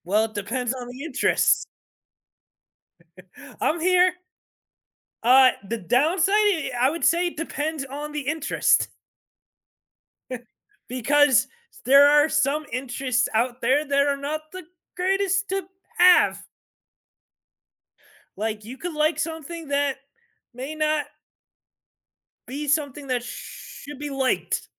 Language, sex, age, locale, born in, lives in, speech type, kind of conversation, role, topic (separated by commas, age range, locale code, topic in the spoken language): English, male, 30-34, en-US, United States, United States, conversation, unstructured, none, How do shared interests help build stronger friendships?